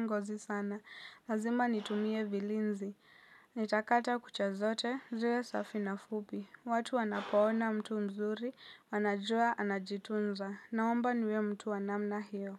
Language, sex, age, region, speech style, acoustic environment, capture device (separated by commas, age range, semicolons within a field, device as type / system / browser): Swahili, female, 18-24, Kenya, happy; relaxed, people talking; silence; nature, mobile / Linux / Chrome